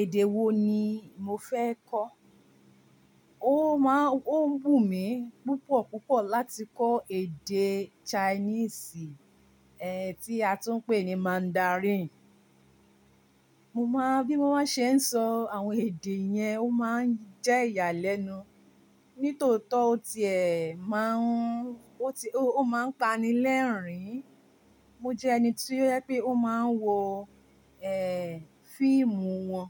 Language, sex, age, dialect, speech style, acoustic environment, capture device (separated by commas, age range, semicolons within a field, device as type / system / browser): Yoruba, female, 45-54, Nigeria - Ibadan, relaxed, appliances; silence, mobile / Linux / Chrome